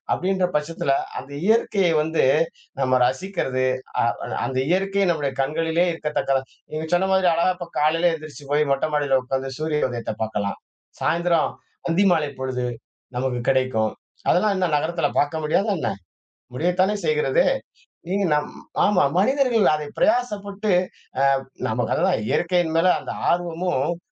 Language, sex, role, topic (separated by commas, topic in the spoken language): Tamil, male, guest, நகரத்தில் இருந்தாலும் இயற்கையை எப்படி அனுபவிக்க முடியும்?